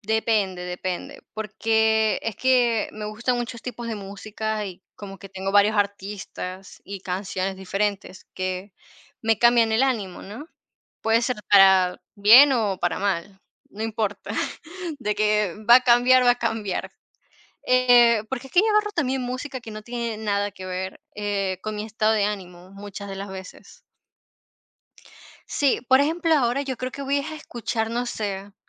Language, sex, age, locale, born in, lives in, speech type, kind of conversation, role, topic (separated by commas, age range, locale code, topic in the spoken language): Spanish, female, 50-54, es-VE, Venezuela, Portugal, conversation, unstructured, none, ¿Qué tipo de música te gusta escuchar en tu tiempo libre?